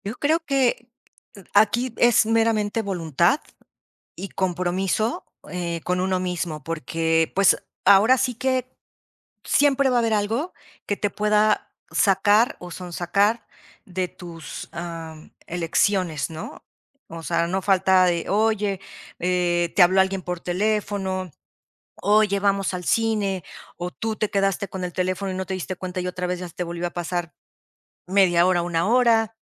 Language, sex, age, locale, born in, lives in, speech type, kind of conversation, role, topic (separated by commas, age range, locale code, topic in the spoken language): Spanish, female, 50-54, es-MX, Mexico, Mexico, conversation, podcast, guest, ¿Qué pequeño paso recomendarías dar hoy para empezar a cambiar?